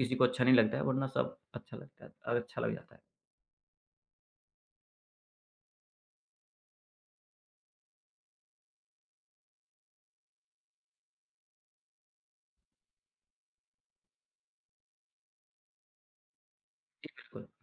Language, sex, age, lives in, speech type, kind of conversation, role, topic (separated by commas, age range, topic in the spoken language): Hindi, male, 35-39, India, conversation, podcast, guest, Social media ka tumhare style par kya asar पड़ा है?